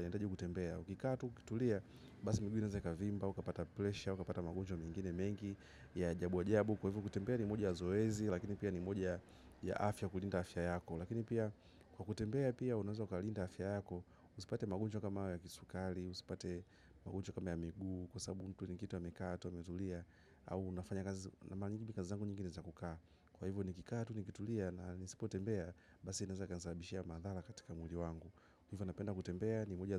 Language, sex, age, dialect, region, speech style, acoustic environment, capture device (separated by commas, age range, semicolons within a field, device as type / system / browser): Swahili, male, 25-34, Tanzania - Dar es Salaam, Tanzania, happy; relaxed; focused, nature, mobile / macOS / Safari